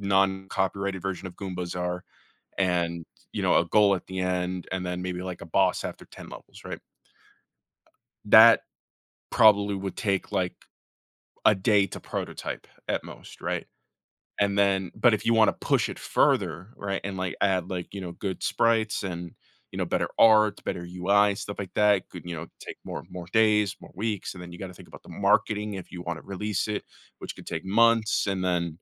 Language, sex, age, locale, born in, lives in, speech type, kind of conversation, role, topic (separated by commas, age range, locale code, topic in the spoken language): English, male, 25-29, en-US, United States, United States, conversation, podcast, guest, What helps you stay committed to practicing even when motivation is low?